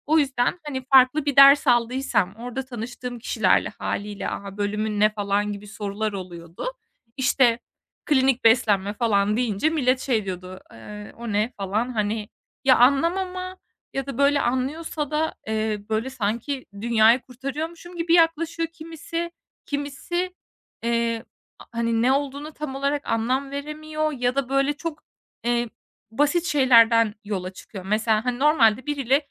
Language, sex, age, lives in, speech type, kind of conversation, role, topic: Turkish, female, 25-29, Estonia, conversation, advice, user, Partilerde ve etkinliklerde sosyal olarak nasıl daha rahat hissedebilirim?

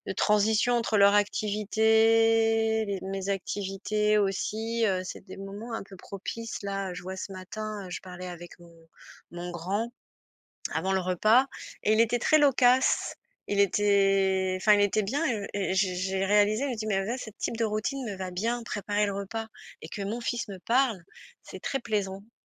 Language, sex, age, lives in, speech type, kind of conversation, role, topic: French, female, 45-49, France, conversation, advice, user, Comment retrouver un rythme après avoir perdu mes routines ?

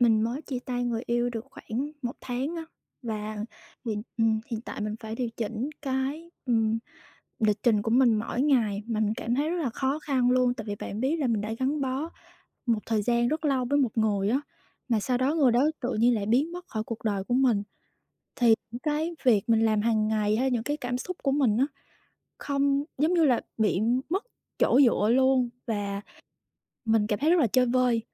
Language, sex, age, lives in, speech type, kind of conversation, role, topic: Vietnamese, female, 25-29, Vietnam, conversation, advice, user, Sau khi chia tay người yêu, bạn cần điều chỉnh cuộc sống hằng ngày như thế nào?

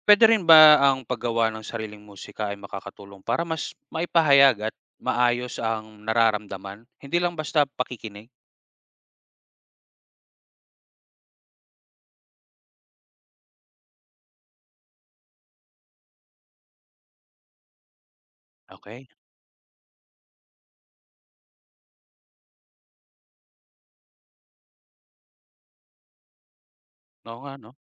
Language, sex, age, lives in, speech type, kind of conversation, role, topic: Filipino, male, 30-34, Philippines, read, expert-assistant, asker, Paano nakakatulong ang musika sa pagpapahayag ng damdamin?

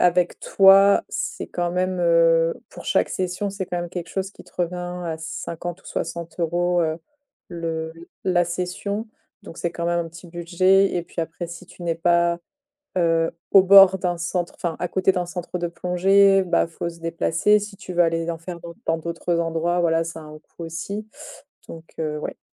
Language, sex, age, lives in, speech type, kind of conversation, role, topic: French, female, 30-34, France, conversation, podcast, guest, Quel nouveau loisir as-tu commencé récemment ?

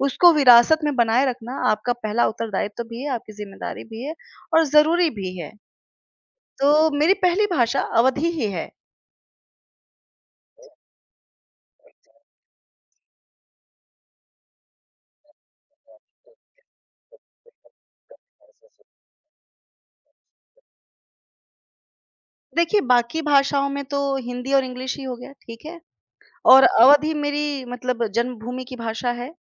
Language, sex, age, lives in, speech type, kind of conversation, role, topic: Hindi, female, 30-34, India, conversation, podcast, guest, आपकी भाषा ने आपकी पहचान को कैसे आकार दिया है?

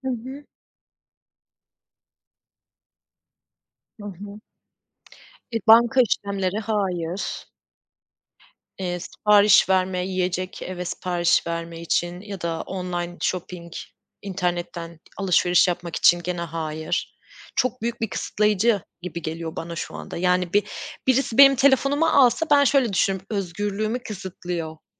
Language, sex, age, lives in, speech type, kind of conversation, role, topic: Turkish, female, 30-34, Germany, conversation, unstructured, none, Telefon kullanım alışkanlıkların hayatını nasıl etkiliyor?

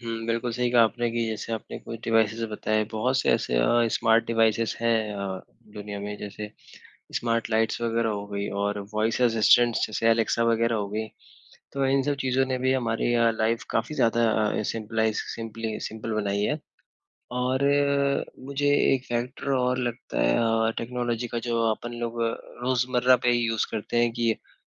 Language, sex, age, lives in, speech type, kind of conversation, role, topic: Hindi, male, 20-24, India, conversation, unstructured, none, तकनीक आपके दिन को बेहतर बनाने में कैसे मदद करती है?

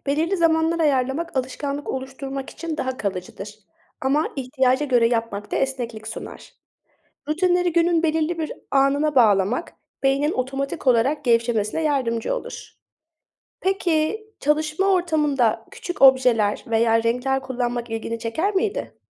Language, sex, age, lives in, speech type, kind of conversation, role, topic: Turkish, female, 25-29, Germany, read, expert-assistant, expert, Zamanım kısıtlı olduğunda yaratıcılığımı nasıl canlı tutabilirim?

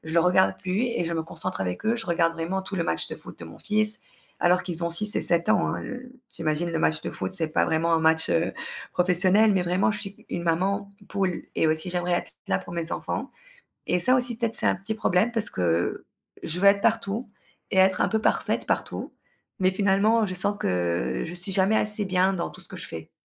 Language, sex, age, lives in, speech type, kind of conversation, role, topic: French, female, 35-39, Spain, conversation, advice, user, Comment puis-je mieux équilibrer ma vie personnelle avec le rythme intense d’une startup ?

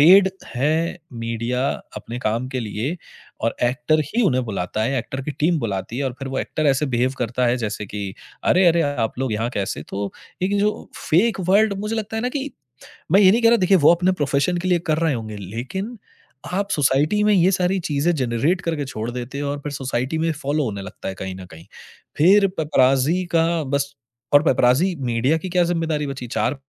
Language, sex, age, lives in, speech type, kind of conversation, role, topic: Hindi, male, 30-34, India, conversation, podcast, guest, Celebrity culture hamare rozmarra ki zindagi par kya asar daalta hai?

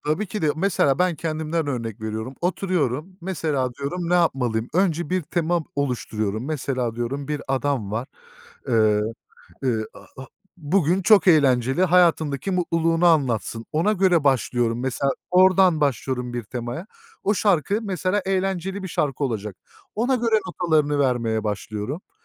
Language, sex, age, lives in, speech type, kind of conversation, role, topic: Turkish, male, 30-34, Germany, conversation, unstructured, none, Stresle başa çıkmak için denediğin yöntemler neler?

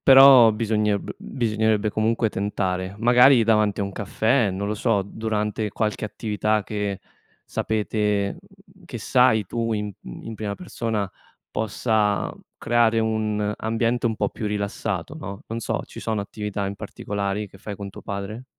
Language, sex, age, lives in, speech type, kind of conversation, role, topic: Italian, male, 25-29, Italy, conversation, advice, advisor, Come posso dire a un familiare che una sua battuta mi ha ferito?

